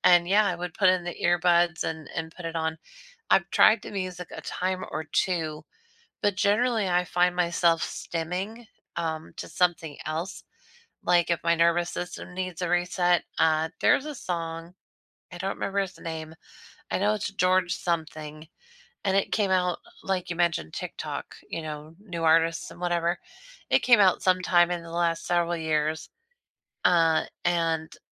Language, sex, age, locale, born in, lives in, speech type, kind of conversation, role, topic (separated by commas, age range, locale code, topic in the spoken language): English, female, 40-44, en-US, United States, United States, conversation, unstructured, none, What music instantly lifts your mood?